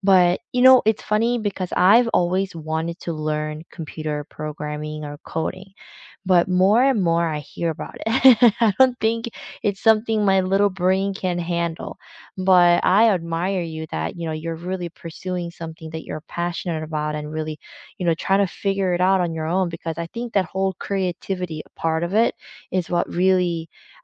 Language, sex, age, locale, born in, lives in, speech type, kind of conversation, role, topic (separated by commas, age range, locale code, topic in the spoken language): English, female, 30-34, en-CA, South Korea, Canada, conversation, unstructured, none, How do you learn best—through taking notes, watching videos, having discussions, or doing hands-on practice?